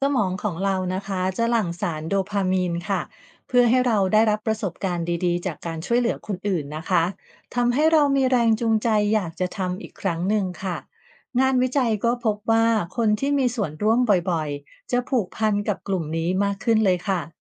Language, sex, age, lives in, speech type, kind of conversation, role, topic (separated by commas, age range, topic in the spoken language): Thai, female, 45-49, Thailand, read, expert-assistant, expert, คุณคิดว่าทำไมบางคนถึงเลือกเข้าร่วมขบวนการทางสังคม ในขณะที่บางคนไม่สนใจเลย?